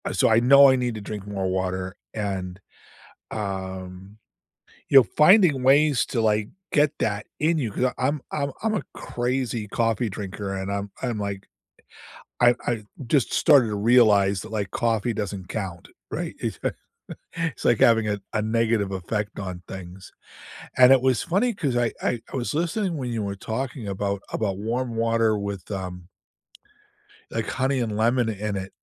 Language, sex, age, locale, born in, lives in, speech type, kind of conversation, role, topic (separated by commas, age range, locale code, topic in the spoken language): English, male, 65-69, en-US, United States, United States, conversation, unstructured, none, What simple hydration hacks, flavors, and routines help you enjoy water more and actually stick with it?